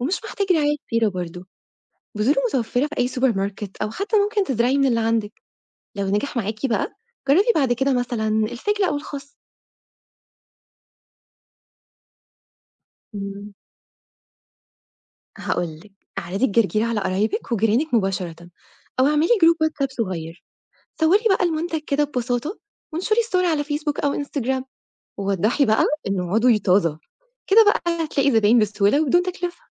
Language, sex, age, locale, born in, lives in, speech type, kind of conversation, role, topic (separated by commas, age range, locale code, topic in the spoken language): Arabic, female, 25-29, ar-EG, Egypt, Egypt, read, expert-assistant, expert, لو أنا صاحب مشروع صغير لإنتاج أكل عضوي وعندي موارد محدودة، أبدأ منين وأحل المشاكل اللي بتقابلني؟